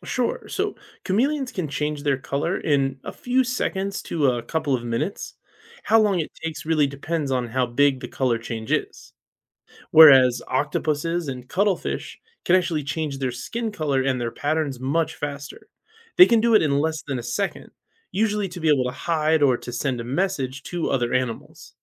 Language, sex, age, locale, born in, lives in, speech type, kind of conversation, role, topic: English, male, 35-39, en-US, United States, United States, read, expert-assistant, expert, How and why do chameleons change their color?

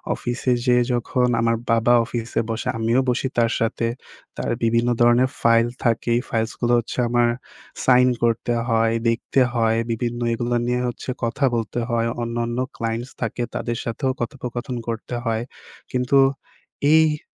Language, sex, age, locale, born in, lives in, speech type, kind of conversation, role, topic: Bengali, male, 20-24, bn-BD, Bangladesh, Bangladesh, conversation, advice, user, কাজ থেকে বিরতি নেওয়ার সময় আপনার মধ্যে কেন অপরাধবোধ ও আত্মসমালোচনা তৈরি হয়?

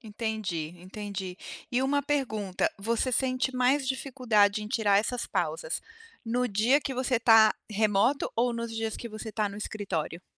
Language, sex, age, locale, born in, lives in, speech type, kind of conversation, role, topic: Portuguese, female, 45-49, pt-BR, Brazil, United States, conversation, advice, advisor, Como posso fazer pausas mais eficazes durante minha jornada de trabalho?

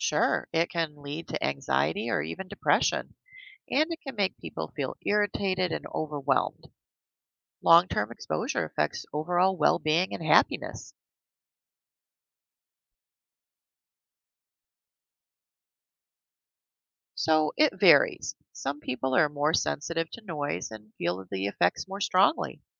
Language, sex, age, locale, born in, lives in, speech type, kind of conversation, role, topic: English, female, 55-59, en-US, United States, United States, read, expert-assistant, expert, What are the effects of noise pollution on health?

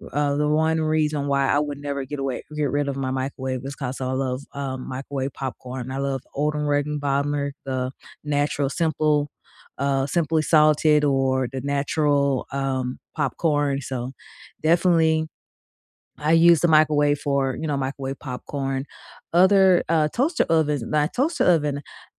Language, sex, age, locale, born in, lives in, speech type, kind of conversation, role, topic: English, female, 45-49, en-US, United States, United States, conversation, unstructured, none, What gadget can't you live without right now?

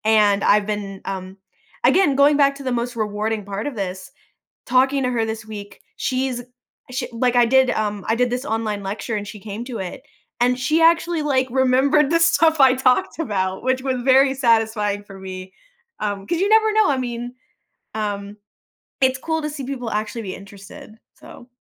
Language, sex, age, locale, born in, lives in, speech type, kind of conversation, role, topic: English, female, 25-29, en-US, United States, United States, conversation, podcast, guest, How has your hobby brought you a sense of fulfillment or personal growth?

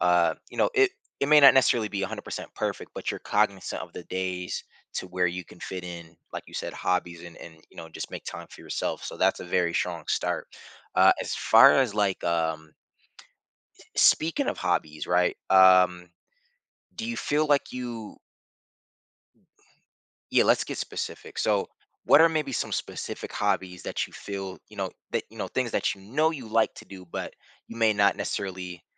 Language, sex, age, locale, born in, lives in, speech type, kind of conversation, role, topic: English, male, 30-34, en-US, United States, United States, conversation, advice, advisor, How can I add more adventure to my life?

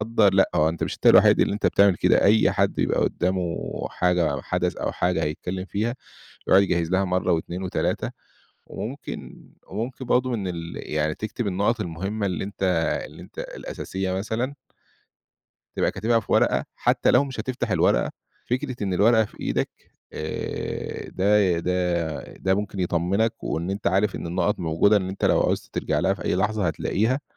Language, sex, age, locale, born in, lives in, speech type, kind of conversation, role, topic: Arabic, male, 30-34, ar-EG, Egypt, Egypt, conversation, advice, advisor, إزاي أتغلب على خوفي من الكلام في الاجتماعات أو قدام ناس كتير؟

